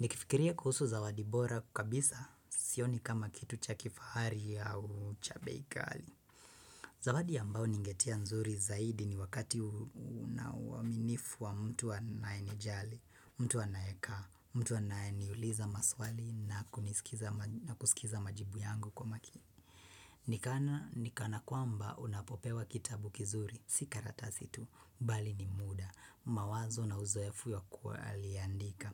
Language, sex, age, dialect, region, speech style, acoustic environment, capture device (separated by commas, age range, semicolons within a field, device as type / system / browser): Swahili, female, 25-34, Kenya - Nairobi Sheng-influenced, Kenya, nervous, silence, desktop / Windows / Chrome